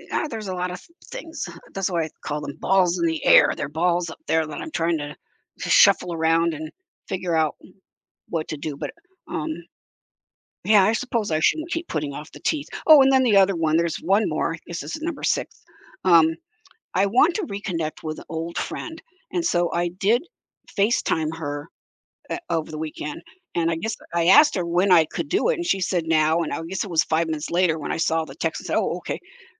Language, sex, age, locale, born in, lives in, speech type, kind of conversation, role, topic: English, female, 70-74, en-US, United States, United States, conversation, advice, user, How do I figure out what to prioritize in my life right now?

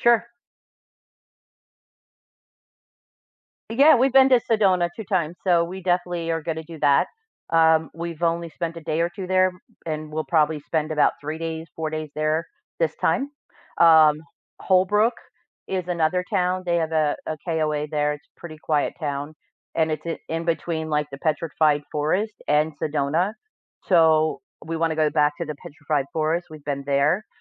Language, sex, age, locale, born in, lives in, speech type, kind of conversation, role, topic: English, female, 55-59, en-US, United States, United States, conversation, advice, user, How do I plan a big trip that fits my time, budget, and personal commitments?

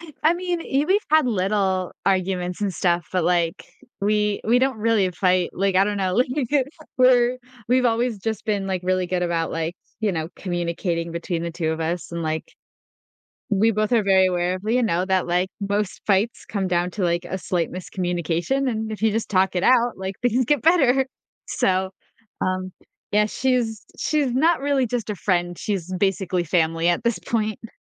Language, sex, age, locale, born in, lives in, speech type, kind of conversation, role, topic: English, female, 25-29, en-US, United States, United States, conversation, advice, user, How can I balance work and personal goals?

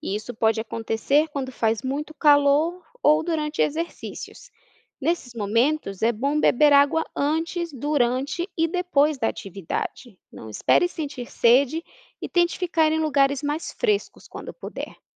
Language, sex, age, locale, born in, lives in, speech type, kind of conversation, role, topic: Portuguese, female, 30-34, pt-BR, Brazil, United States, read, expert-assistant, expert, Por que sentimos sede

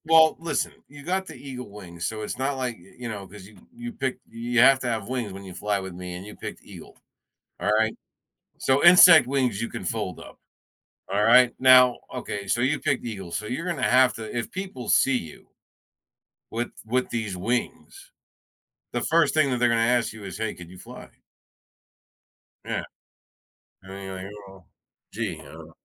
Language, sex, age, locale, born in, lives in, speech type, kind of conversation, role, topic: English, male, 45-49, en-US, United States, United States, conversation, unstructured, none, Would you rather be able to fly or become invisible whenever you want?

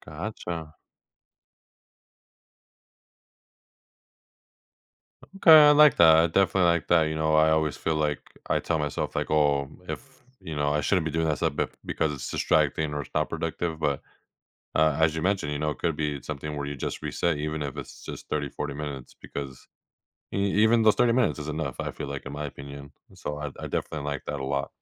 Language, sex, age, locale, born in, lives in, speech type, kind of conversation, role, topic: English, male, 25-29, en-US, United States, United States, conversation, advice, user, How can I manage daily responsibilities so I stop feeling overwhelmed and sleep better?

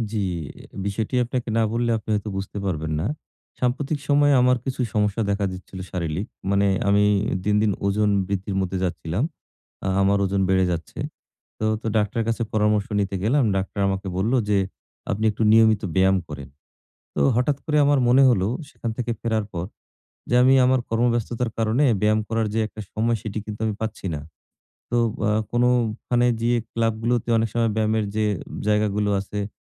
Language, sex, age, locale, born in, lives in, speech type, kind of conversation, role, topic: Bengali, male, 40-44, bn-BD, Bangladesh, Bangladesh, conversation, advice, user, নতুন অভ্যাস গড়তে গিয়ে বাধা বা বিঘ্ন হলে কীভাবে পরিকল্পনা করে টিকে থাকতে পারি?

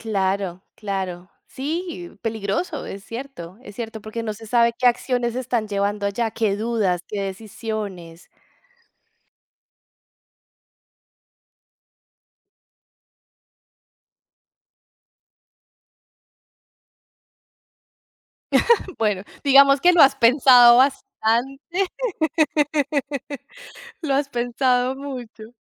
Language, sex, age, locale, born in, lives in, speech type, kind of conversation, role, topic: Spanish, female, 35-39, es-CO, Colombia, Italy, conversation, podcast, host, ¿Cuál es una película que te haya marcado y por qué?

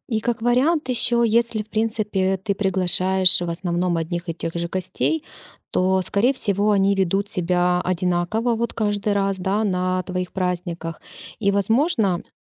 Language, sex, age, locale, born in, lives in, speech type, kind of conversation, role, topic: Russian, female, 35-39, ru-RU, Ukraine, Spain, conversation, advice, advisor, Как справиться с давлением ожиданий во время праздников и встреч?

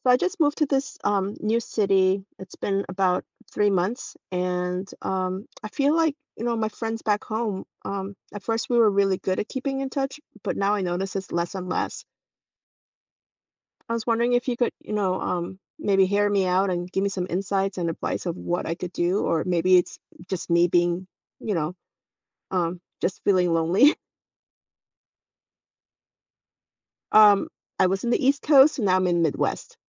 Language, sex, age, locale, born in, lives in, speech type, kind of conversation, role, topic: English, female, 45-49, en-US, South Korea, United States, conversation, advice, user, How can I reconnect with friends after moving?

